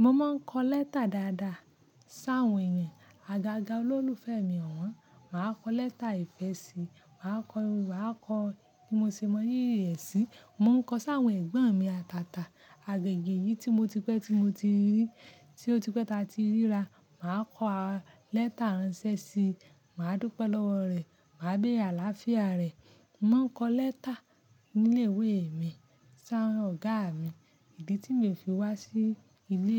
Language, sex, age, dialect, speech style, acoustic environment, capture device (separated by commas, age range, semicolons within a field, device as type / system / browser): Yoruba, female, 25-34, Nigeria - Ibadan, focused, silence, mobile / Linux / Chrome